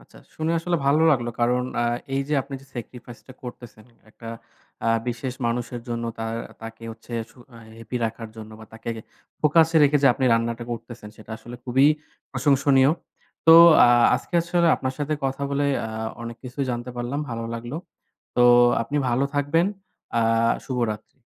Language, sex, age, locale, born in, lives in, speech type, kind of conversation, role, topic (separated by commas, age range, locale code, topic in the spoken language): Bengali, male, 25-29, bn-BD, Bangladesh, Bangladesh, conversation, podcast, host, আপনি কীভাবে বাড়িতে স্বাস্থ্যকর খাবার রান্না সহজ করে তোলেন?